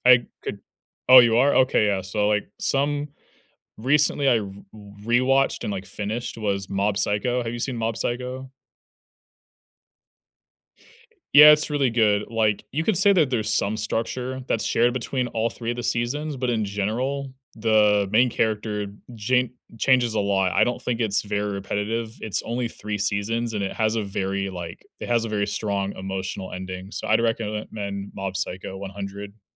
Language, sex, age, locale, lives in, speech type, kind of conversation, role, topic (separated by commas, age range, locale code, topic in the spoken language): English, male, 20-24, en-US, United States, conversation, unstructured, none, What do you look for when choosing something to watch, and how do your viewing choices help you connect with others?